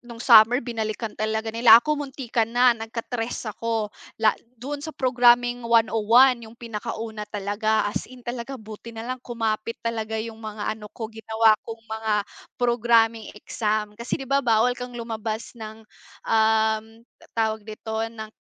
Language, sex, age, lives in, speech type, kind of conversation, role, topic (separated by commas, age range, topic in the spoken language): Filipino, female, 25-29, Philippines, conversation, unstructured, none, May pangyayaring mula sa iyong pagkabata na hindi mo malilimutan?